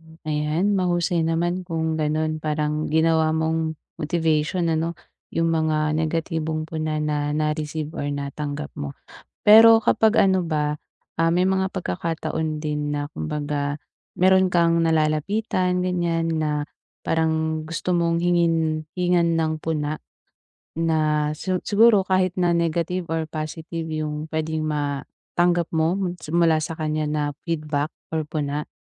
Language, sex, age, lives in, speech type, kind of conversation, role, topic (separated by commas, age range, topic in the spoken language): Filipino, female, 25-29, Philippines, conversation, advice, advisor, Paano ako makakakuha ng tapat at kapaki-pakinabang na puna?